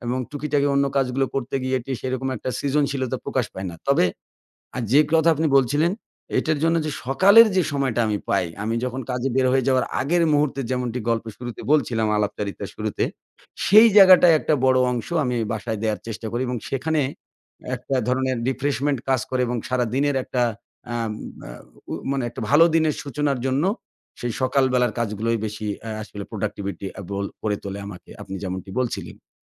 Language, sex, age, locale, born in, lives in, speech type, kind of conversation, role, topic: Bengali, male, 40-44, bn-BD, Bangladesh, Bangladesh, conversation, podcast, guest, আপনি কাজ ও বাড়ির সময় কীভাবে সামলান?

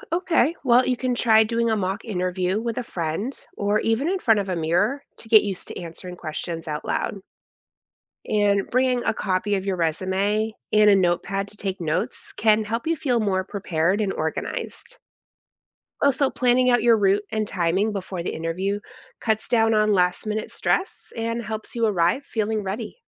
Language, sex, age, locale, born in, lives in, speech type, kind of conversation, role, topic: English, female, 40-44, en-US, United States, United States, read, expert-assistant, expert, How can you prepare for a successful job interview?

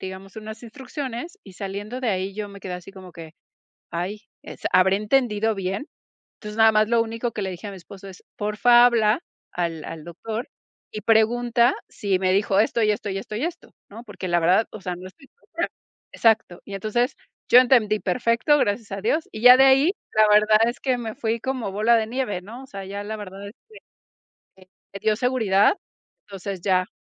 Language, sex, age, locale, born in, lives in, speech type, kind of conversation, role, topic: Spanish, female, 40-44, es-MX, Mexico, Mexico, conversation, podcast, guest, ¿Qué haces para arreglártelas si no hablas el idioma local?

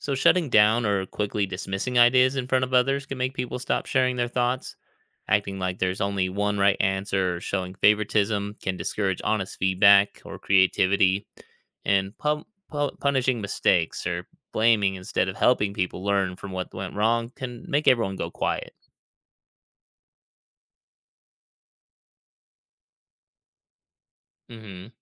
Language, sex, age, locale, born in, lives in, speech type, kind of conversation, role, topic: English, male, 35-39, en-US, United States, United States, read, expert-assistant, expert, What qualities define a good leader?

